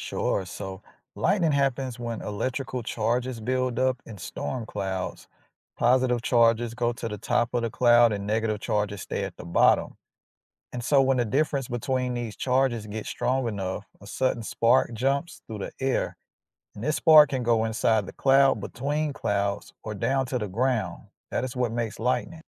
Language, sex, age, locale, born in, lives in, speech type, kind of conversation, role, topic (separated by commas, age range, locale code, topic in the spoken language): English, male, 35-39, en-US, United States, United States, read, expert-assistant, expert, How does lightning form and affect buildings during thunderstorms?